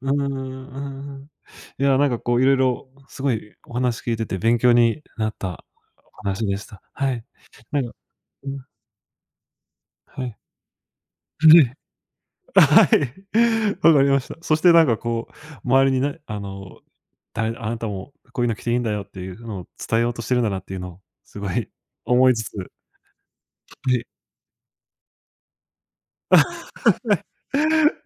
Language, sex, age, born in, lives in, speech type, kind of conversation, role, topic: Japanese, male, 25-29, Japan, Japan, conversation, podcast, host, 服装で気分を変えることはありますか？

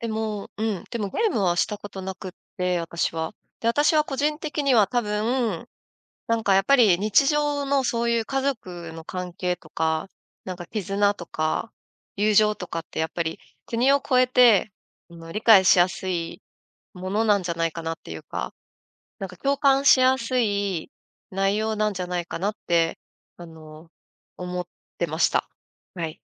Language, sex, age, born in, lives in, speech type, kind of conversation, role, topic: Japanese, female, 35-39, Japan, Japan, conversation, podcast, guest, 子どもの頃に好きだったアニメは何ですか？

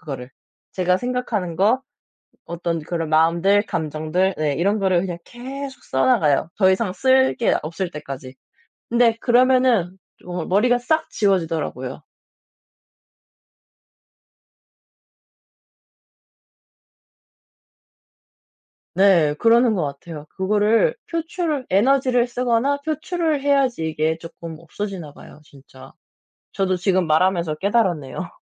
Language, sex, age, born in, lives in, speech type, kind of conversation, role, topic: Korean, male, 30-34, South Korea, France, conversation, unstructured, none, 힘들 때 스스로를 어떻게 다독이나요?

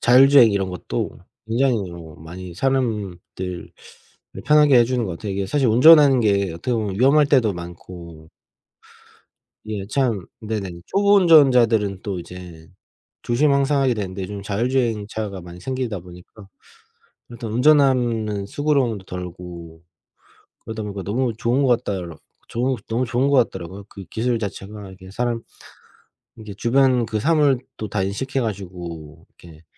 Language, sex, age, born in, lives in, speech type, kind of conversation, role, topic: Korean, male, 30-34, South Korea, Germany, conversation, unstructured, none, 과학 덕분에 즐거움이나 행복을 느낀 순간이 있나요?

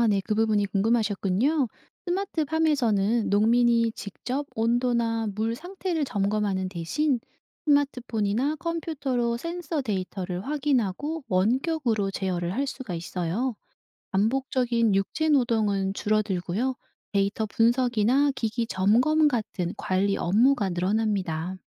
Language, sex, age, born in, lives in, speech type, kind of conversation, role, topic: Korean, female, 55-59, South Korea, South Korea, read, expert-assistant, expert, 스마트팜이 전통적인 농업 방식과 어떻게 다른지, 비유나 구체적인 예시로 설명해주실 수 있나요?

